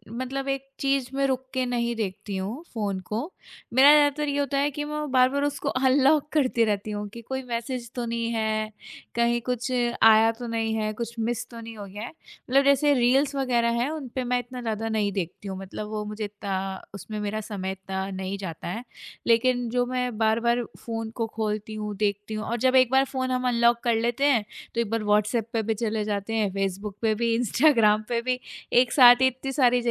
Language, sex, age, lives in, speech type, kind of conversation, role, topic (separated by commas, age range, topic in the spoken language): Hindi, female, 25-29, India, conversation, advice, user, मैं फोन और स्क्रीन पर बिताया समय कम करने की कोशिश कैसे कर सकता/सकती हूँ?